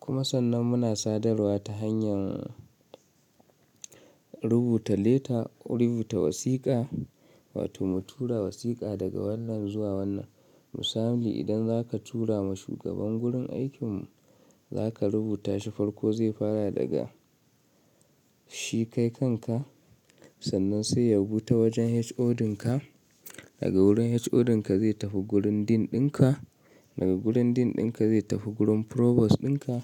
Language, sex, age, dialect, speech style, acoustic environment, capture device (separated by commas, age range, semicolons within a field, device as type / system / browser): Hausa, male, 25-34, Nigeria - Kano, relaxed; anxious, nature, mobile / Linux / Chrome